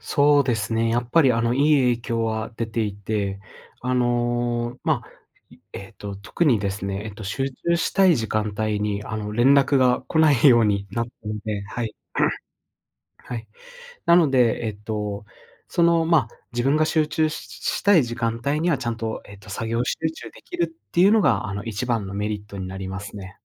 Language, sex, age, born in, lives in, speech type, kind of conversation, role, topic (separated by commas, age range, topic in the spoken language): Japanese, male, 25-29, Japan, Japan, conversation, podcast, guest, リモートワークを効率よく進めるために、どんな工夫をしていますか？